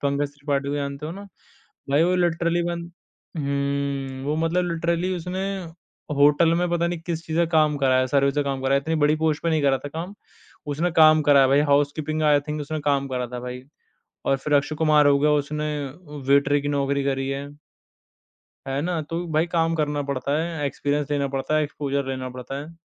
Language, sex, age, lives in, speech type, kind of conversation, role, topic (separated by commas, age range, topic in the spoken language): Hindi, male, 20-24, India, conversation, podcast, guest, Agar tumhe apne younger self ko ek hi advice deni ho, toh tum kya bologe?